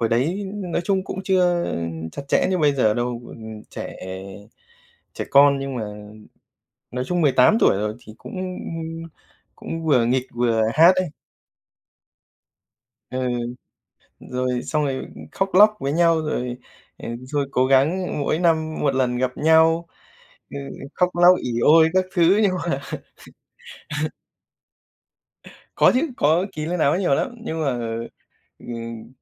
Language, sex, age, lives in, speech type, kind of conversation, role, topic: Vietnamese, male, 30-34, Japan, conversation, unstructured, none, Kỷ niệm nào với bạn bè khiến bạn vẫn nhớ mãi?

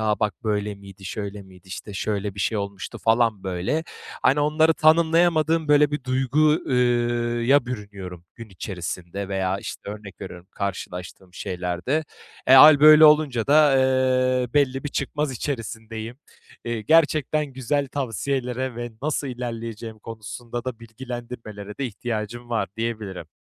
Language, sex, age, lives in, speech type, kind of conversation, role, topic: Turkish, male, 25-29, Bulgaria, conversation, advice, user, Eski ilişkimi unutamazken hızlıca yeni bir ilişkiye başlama isteğiyle nasıl başa çıkabilirim?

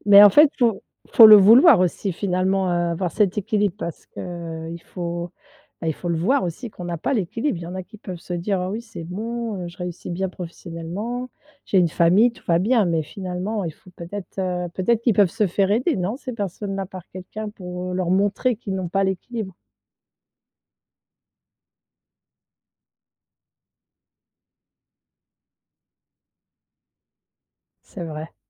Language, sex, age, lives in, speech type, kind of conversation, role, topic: French, female, 50-54, France, conversation, podcast, host, Comment gères-tu l’équilibre entre vie professionnelle et vie personnelle ?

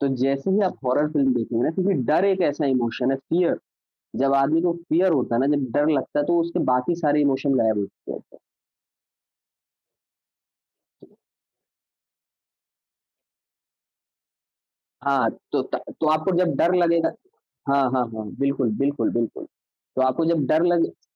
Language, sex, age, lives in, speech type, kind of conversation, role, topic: Hindi, male, 25-29, India, conversation, podcast, guest, Kaunsi film tumhe sabse zyada ek alag duniya mein le jaa kar escape feel karwati hai?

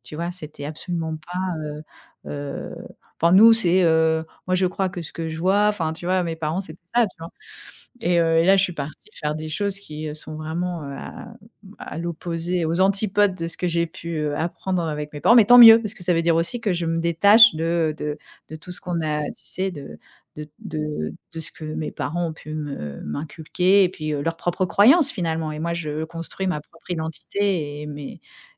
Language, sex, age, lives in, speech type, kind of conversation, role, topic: French, female, 45-49, France, conversation, podcast, guest, Comment trouver des personnes avec qui tu te sens vraiment à l’aise ?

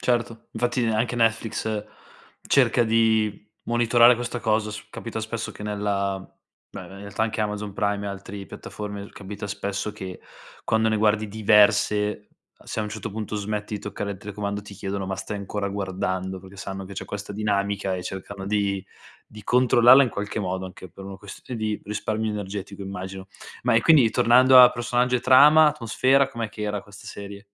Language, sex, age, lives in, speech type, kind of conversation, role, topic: Italian, male, 25-29, Italy, conversation, podcast, host, Qual è la serie TV che ti ha appassionato di più e perché?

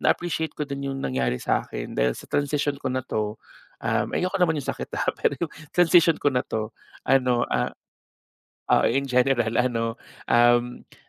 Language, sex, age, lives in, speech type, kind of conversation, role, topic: Filipino, male, 30-34, Philippines, conversation, podcast, guest, Paano mo sasabihin sa pamilya mo na magpapalit ka ng trabaho?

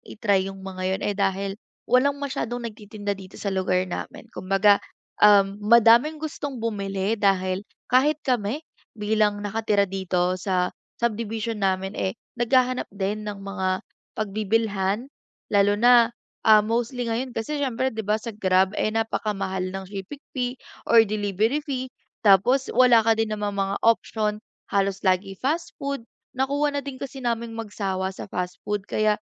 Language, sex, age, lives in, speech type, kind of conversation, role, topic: Filipino, female, 20-24, Philippines, conversation, advice, user, Paano ko mapapawi ang kaba at mapapalakas ang tiwala sa sarili sa paggawa ng mga desisyon sa negosyo?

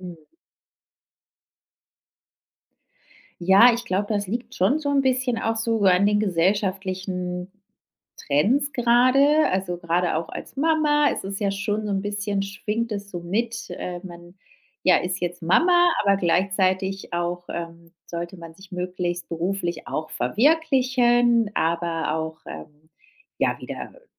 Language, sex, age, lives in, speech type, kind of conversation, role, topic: German, female, 35-39, Spain, conversation, advice, user, Wie erlebst du die Erwartung, als Eltern perfekt und organisiert wirken zu müssen?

